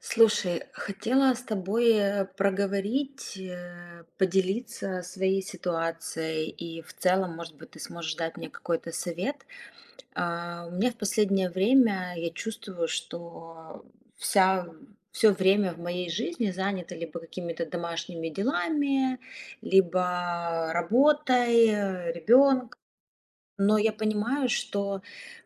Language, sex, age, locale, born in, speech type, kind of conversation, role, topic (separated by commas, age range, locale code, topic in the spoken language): Russian, female, 35-39, ru-RU, Ukraine, conversation, advice, user, Как найти время и мотивацию для любимого хобби?